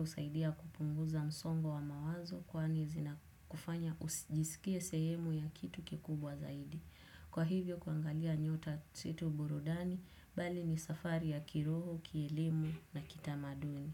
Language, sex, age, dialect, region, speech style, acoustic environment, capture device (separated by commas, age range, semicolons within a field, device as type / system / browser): Swahili, female, 18-24, Kenya - Nairobi Sheng-influenced, Kenya, focused, silence, desktop / Windows / Chrome